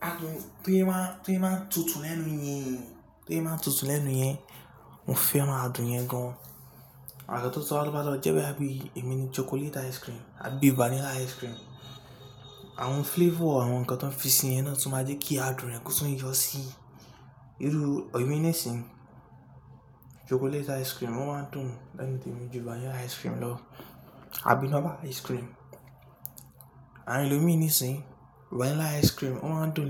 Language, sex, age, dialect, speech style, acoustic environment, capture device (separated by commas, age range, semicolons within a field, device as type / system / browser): Yoruba, male, 18-24, Nigeria - Lagos Yoruba, relaxed, nature; people talking, mobile / Linux / Chrome